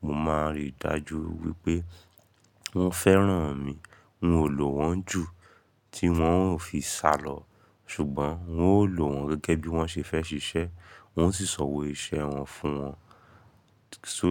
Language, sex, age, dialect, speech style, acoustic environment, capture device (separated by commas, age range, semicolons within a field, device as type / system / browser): Yoruba, male, 25-34, Nigeria - Ibadan, excited, nature, mobile / Linux / Chrome